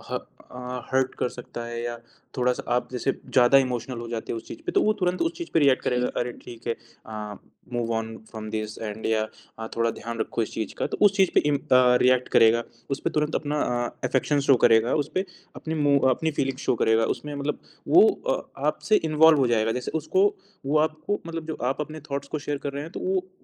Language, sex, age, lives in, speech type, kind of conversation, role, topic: Hindi, male, 20-24, India, conversation, podcast, guest, Jab tum kisi ki baat sunte ho, toh tum kaise dikhate ho ki tumhe sach mein dilchaspi hai?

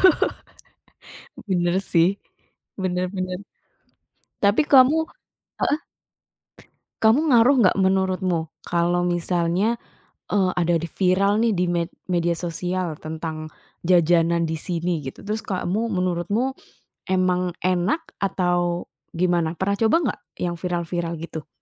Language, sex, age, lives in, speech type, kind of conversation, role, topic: Indonesian, female, 30-34, Indonesia, conversation, podcast, host, Apa makanan kaki lima Indonesia favoritmu, dan kenapa?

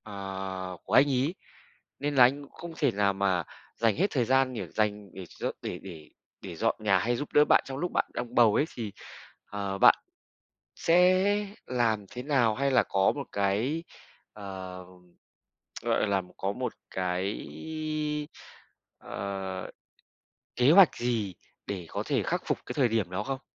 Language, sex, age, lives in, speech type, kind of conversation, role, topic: Vietnamese, male, 35-39, Vietnam, conversation, podcast, host, Bạn nghĩ thế nào về việc chia sẻ công việc nhà trong gia đình?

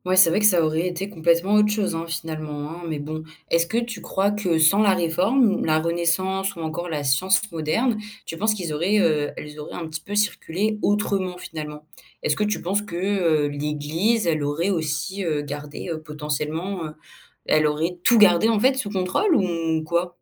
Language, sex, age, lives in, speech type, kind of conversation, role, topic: French, female, 18-19, France, read, expert-assistant, asker, Comment l’histoire aurait-elle changé si la Réforme protestante n’avait jamais eu lieu ?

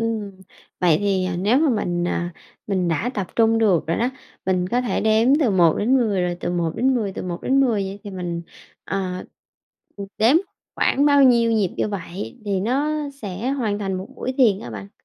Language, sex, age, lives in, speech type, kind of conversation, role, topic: Vietnamese, female, 30-34, Vietnam, conversation, podcast, host, Có những mẹo nào dành cho người mới bắt đầu tập thiền không?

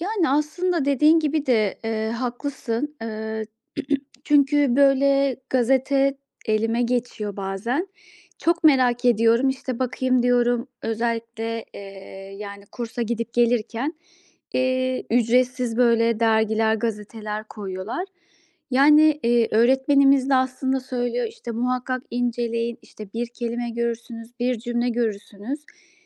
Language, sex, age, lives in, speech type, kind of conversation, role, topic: Turkish, female, 35-39, Austria, conversation, advice, user, Dinlenirken suçluluk hissetmem normal mi ve bunu nasıl aşabilirim?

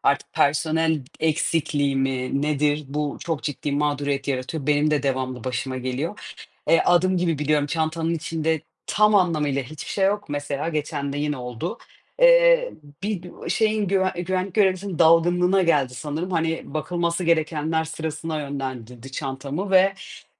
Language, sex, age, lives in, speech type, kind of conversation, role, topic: Turkish, female, 30-34, Portugal, conversation, unstructured, none, Havaalanlarındaki uzun beklemeler seni ne kadar sinirlendiriyor?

